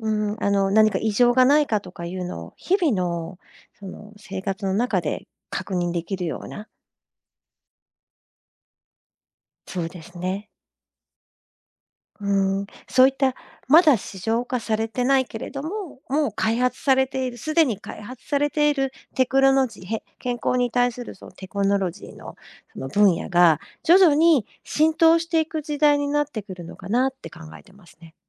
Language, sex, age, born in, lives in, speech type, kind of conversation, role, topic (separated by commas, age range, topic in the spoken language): Japanese, female, 50-54, Japan, Japan, conversation, podcast, guest, これから健康管理はテクノロジーとどのように結びついていくと思いますか？